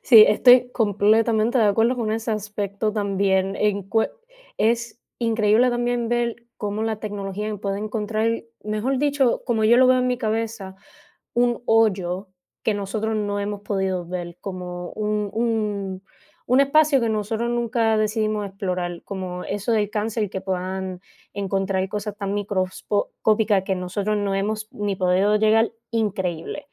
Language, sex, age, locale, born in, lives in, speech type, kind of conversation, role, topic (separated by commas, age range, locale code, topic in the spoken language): Spanish, female, 20-24, es-CU, Puerto Rico, United States, conversation, unstructured, none, ¿Es justo que la tecnología reemplace trabajos humanos?